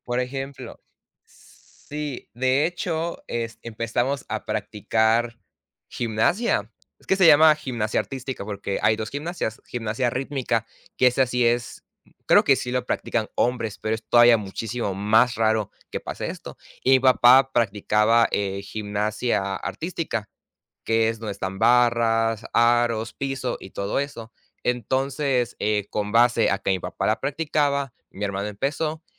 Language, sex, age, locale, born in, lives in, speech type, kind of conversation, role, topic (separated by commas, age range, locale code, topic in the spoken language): Spanish, male, 18-19, es-MX, Mexico, Mexico, conversation, unstructured, none, ¿Qué opinas sobre los estereotipos en el deporte?